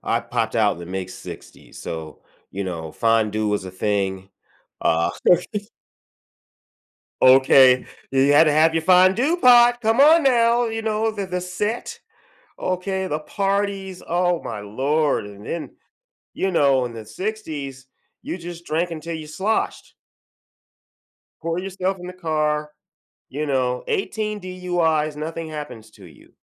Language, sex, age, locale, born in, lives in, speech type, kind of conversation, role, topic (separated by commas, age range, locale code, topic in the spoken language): English, male, 55-59, en-US, United States, United States, conversation, podcast, guest, How does sharing and preparing food help communities express and preserve their cultural heritage?